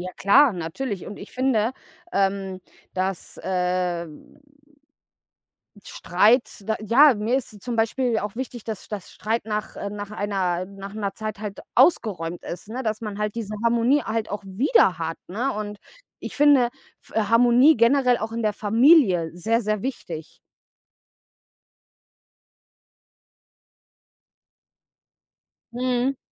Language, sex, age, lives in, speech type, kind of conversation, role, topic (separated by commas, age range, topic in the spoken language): German, female, 30-34, Germany, conversation, unstructured, none, Wie gehst du mit Streit in der Familie um?